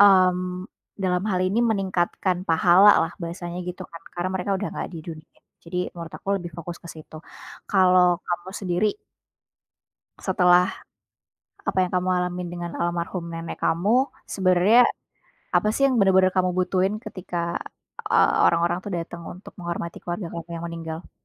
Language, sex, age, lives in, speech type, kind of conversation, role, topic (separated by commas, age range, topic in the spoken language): Indonesian, female, 25-29, Indonesia, conversation, unstructured, none, Bagaimana perasaanmu ketika melihat orang tidak menghormati orang yang sudah meninggal?